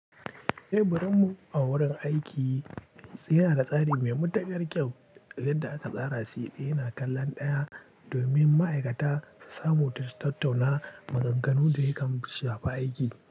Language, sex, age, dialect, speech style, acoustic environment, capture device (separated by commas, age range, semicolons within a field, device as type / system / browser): Hausa, male, 18-24, Nigeria - Pidgin-influenced, happy, silence, mobile / Linux / Chrome